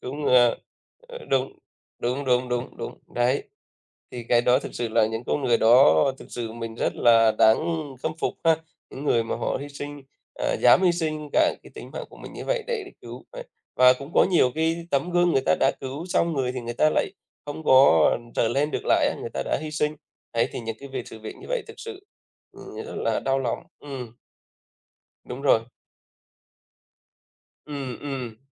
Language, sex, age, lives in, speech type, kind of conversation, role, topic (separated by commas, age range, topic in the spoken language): Vietnamese, male, 35-39, Vietnam, conversation, unstructured, none, Bạn có nghĩ rằng lòng tốt rất quan trọng không, và vì sao?